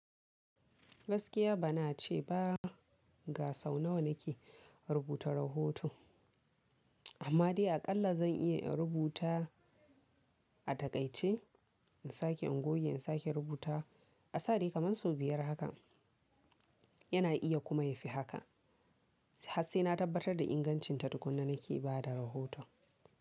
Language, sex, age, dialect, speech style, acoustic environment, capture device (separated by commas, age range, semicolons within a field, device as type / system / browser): Hausa, female, 18-24, Nigeria - Kano, focused, people talking; silence, mobile / Linux / Chrome